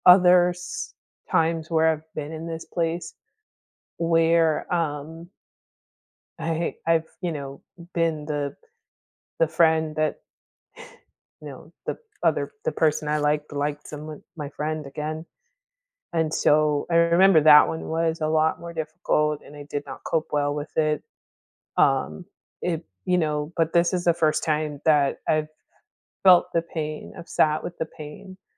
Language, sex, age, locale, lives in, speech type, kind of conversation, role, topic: English, female, 30-34, en-US, United States, conversation, advice, user, How can I cope with frustration when my healing is slower than expected?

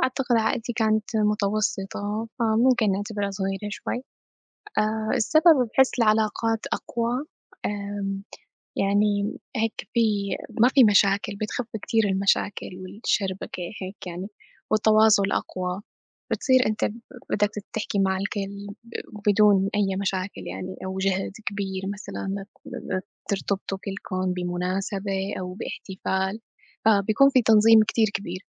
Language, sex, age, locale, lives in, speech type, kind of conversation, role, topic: Arabic, female, 25-29, ar-SY, United States, conversation, unstructured, none, بتفضل العيلة الكبيرة ولا العيلة الصغيرة؟ وليه؟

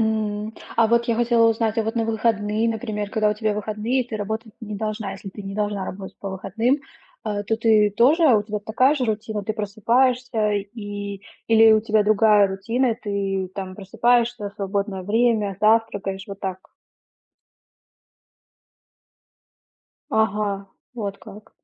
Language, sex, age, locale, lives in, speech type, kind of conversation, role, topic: Russian, female, 30-34, ru-RU, Germany, conversation, advice, advisor, Как рабочая нагрузка разрушает твои ежедневные перерывы и заботу о себе?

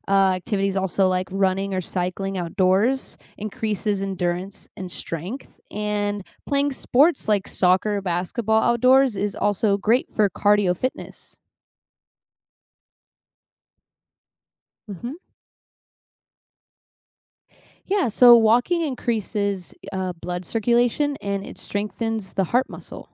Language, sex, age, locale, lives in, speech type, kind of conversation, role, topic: English, female, 25-29, en-US, United States, read, expert-assistant, expert, What are the health benefits of spending time outdoors?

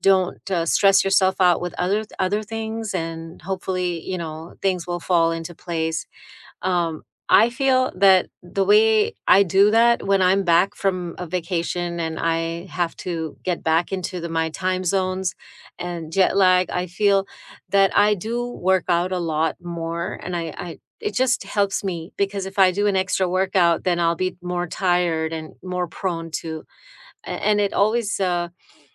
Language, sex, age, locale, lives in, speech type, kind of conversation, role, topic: English, female, 50-54, en-US, United States, conversation, unstructured, none, What simple sleep habits have truly helped you lately, and how did you discover them?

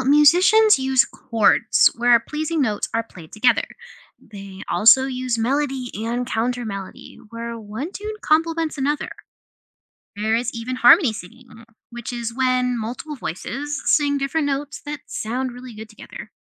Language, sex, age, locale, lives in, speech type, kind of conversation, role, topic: English, other, 20-24, en-US, United States, read, expert-assistant, expert, What techniques can musicians use to create harmony in music?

